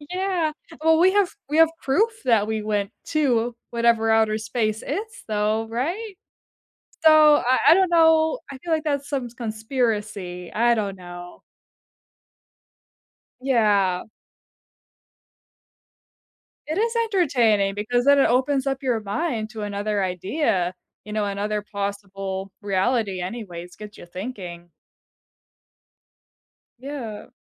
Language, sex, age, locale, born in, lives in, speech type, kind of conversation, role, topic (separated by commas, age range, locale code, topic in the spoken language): English, female, 25-29, en-US, United States, United States, conversation, unstructured, none, What is a surprising fact you know about space?